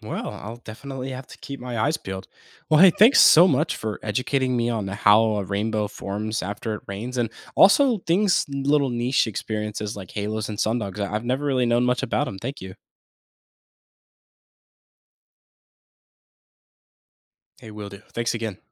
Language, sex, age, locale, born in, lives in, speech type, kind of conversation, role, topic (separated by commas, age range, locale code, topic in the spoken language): English, male, 18-19, en-US, United States, United States, read, expert-assistant, asker, How does a rainbow form after it rains?